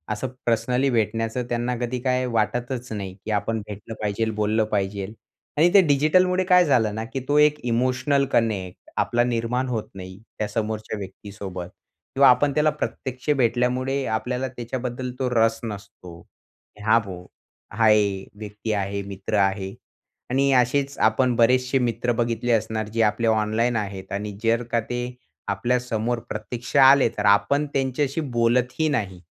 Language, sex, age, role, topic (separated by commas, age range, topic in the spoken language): Marathi, male, 20-24, guest, डिजिटल आणि प्रत्यक्ष भेटी यांचं संतुलन कसं ठेवाल?